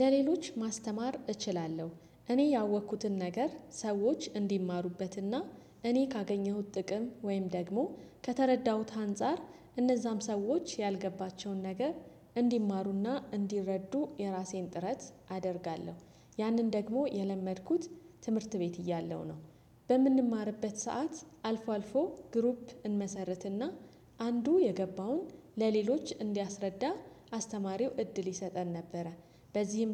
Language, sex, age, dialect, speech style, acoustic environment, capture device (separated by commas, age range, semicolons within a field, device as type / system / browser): Amharic, female, 35-44, Ethiopia - Addis Ababa, happy, silence, desktop / Windows / Chrome